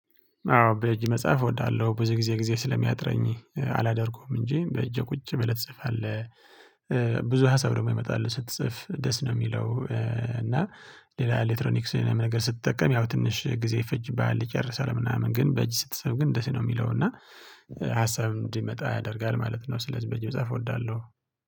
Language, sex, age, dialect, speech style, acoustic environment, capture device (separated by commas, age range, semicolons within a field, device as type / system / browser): Amharic, male, 25-34, Ethiopia - Tigray, happy, silence; nature, mobile / Linux / Chrome